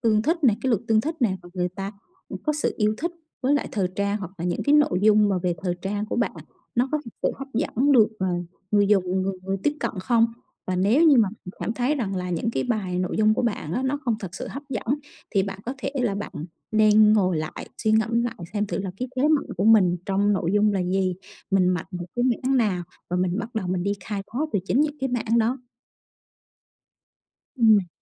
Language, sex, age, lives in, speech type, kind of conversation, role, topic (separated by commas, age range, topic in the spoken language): Vietnamese, female, 35-39, Vietnam, conversation, advice, advisor, Làm sao để bớt nản lòng sau vài lần thất bại khi luyện tập sáng tạo?